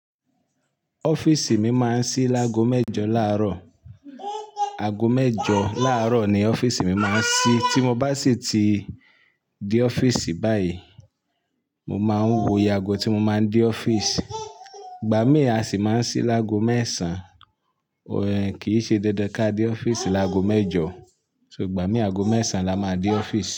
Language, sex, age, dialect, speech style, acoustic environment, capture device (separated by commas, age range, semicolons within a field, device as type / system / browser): Yoruba, male, 35-44, Nigeria - Ibadan, focused, nature, mobile / Linux / Chrome